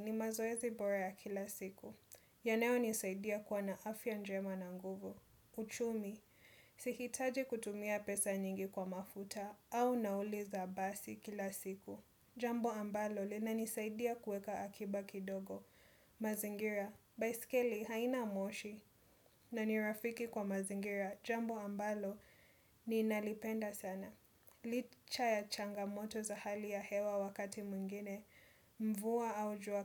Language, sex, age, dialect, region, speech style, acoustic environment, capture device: Swahili, female, 25-34, Kenya - Mombasa, Kenya, happy; relaxed; excited; nervous, people talking; nature; appliances, desktop / Windows / Chrome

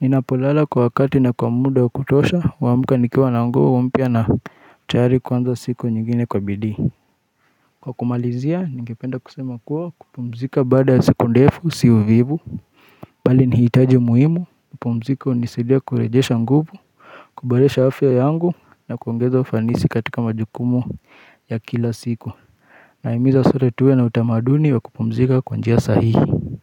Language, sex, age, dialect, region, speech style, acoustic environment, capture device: Swahili, male, 25-34, Kenya - Nairobi Sheng-influenced, Kenya, focused, silence, mobile / Linux / Chrome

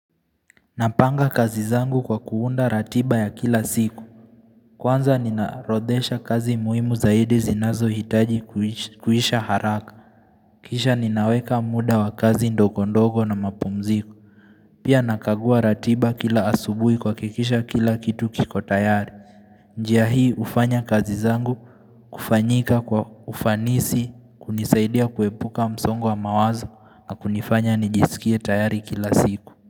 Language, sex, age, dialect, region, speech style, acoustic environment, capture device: Swahili, male, 18-24, Kenya - Nairobi Sheng-influenced, Kenya, focused; relaxed, nature; silence; people talking; traffic; appliances, mobile / Linux / Chrome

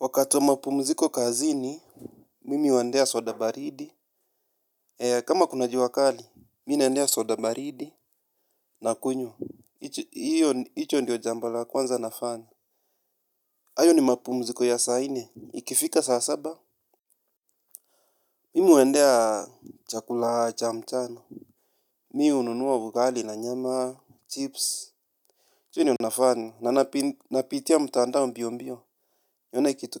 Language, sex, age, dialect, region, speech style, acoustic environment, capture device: Swahili, male, 25-34, Kenya - Nairobi Sheng-influenced, Kenya, happy, silence, mobile / Linux / Chrome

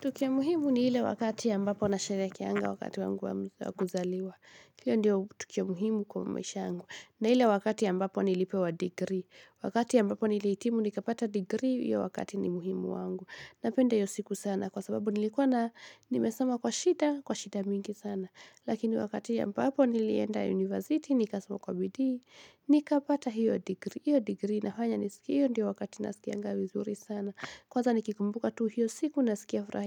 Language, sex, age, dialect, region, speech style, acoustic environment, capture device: Swahili, female, 18-24, Kenya - Kiambu, Kenya, happy, music, mobile / Linux / Chrome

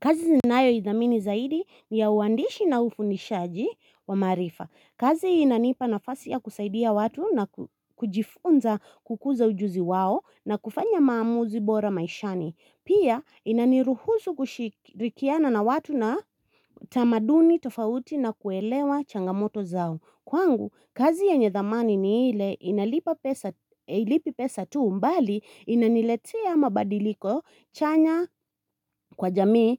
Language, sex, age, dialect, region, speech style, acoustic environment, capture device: Swahili, female, 25-34, Kenya - Nairobi Sheng-influenced, Kenya, happy, people talking, mobile / Linux / Chrome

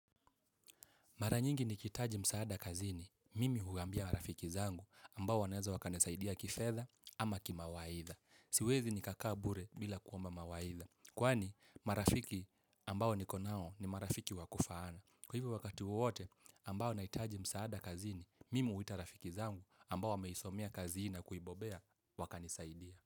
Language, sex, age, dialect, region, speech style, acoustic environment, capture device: Swahili, male, 18-24, Kenya - Mombasa, Kenya, relaxed; happy; focused, people talking; nature, mobile / Linux / Chrome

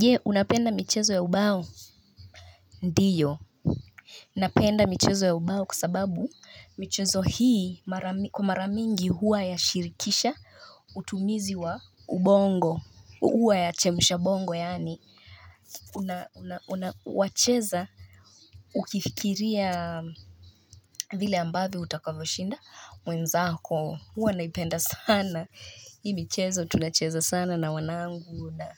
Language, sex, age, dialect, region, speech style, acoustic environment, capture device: Swahili, female, 25-34, Kenya - Nairobi Sheng-influenced, Kenya, relaxed, nature; people talking, mobile / Linux / Chrome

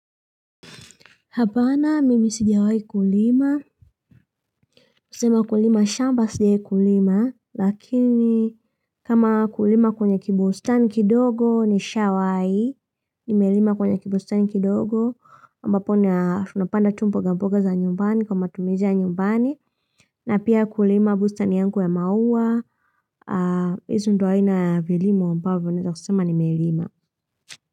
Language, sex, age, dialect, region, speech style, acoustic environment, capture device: Swahili, female, 25-34, Tanzania - Dar es Salaam, Tanzania, happy; relaxed; focused; excited, nature; silence, mobile / Linux / Chrome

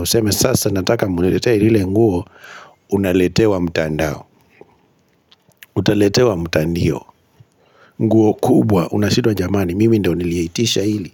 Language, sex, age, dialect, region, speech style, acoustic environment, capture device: Swahili, male, 25-34, Kenya - Kitui, Kenya, relaxed, nature, mobile / Linux / Chrome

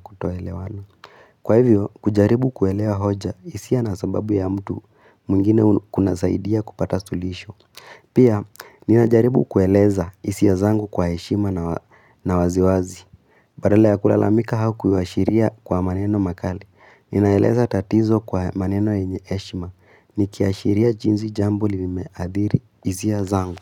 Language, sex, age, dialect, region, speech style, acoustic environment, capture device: Swahili, female, 18-24, Kenya - Nairobi Sheng-influenced, Kenya, excited; happy, silence; nature, mobile / Linux / Chrome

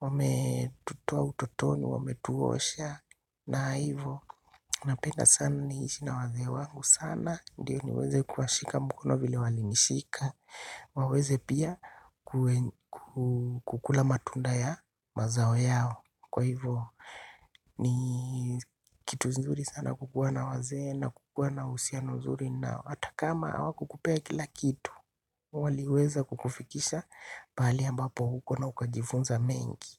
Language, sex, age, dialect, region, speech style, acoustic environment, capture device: Swahili, male, 25-34, Kenya - Nairobi Sheng-influenced, Kenya, relaxed; excited; happy, silence, desktop / Linux / Chrome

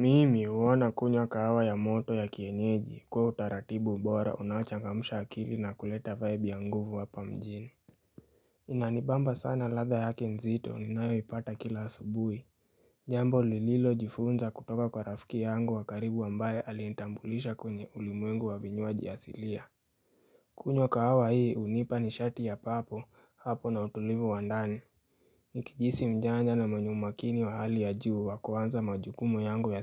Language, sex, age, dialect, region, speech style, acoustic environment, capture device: Swahili, male, 18-24, Kenya - Nairobi Sheng-influenced, Kenya, happy; relaxed; focused, nature; appliances, mobile / Linux / Chrome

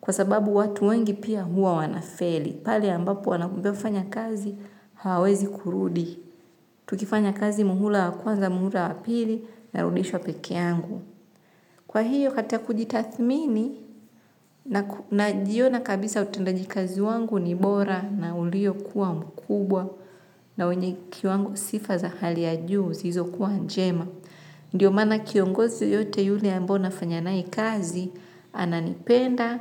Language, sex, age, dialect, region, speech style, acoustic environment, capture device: Swahili, female, 25-34, Tanzania - Dar es Salaam, Tanzania, happy; excited, nature, mobile / Linux / Chrome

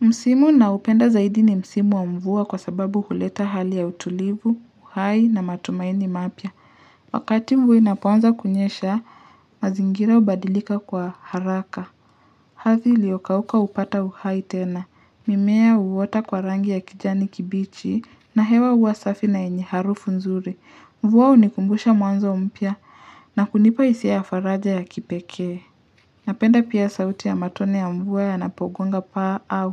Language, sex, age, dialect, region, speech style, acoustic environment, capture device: Swahili, male, 25-34, Kenya - Nairobi Sheng-influenced, Kenya, relaxed, silence, mobile / Linux / Chrome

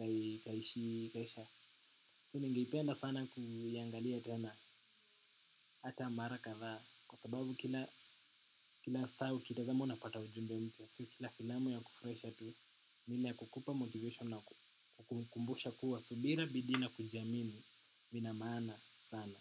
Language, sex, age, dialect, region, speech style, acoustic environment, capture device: Swahili, male, 18-24, Kenya - Nairobi Sheng-influenced, Kenya, happy, nature, desktop / macOS / Chrome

